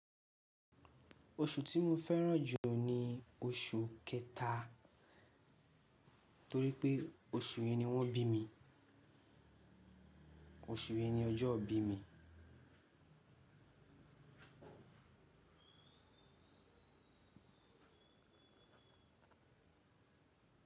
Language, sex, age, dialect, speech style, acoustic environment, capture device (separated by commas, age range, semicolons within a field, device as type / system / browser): Yoruba, male, 18-24, Nigeria - Lagos Yoruba, relaxed; focused, nature; people talking, mobile / Linux / Chrome